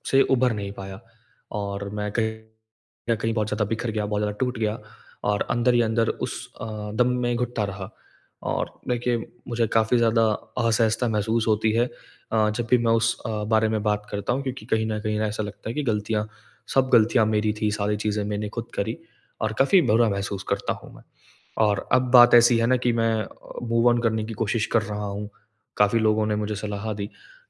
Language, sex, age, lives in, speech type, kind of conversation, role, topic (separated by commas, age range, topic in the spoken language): Hindi, male, 20-24, India, conversation, advice, user, मैं नए रिश्ते में सही सीमाएँ कैसे तय करूँ?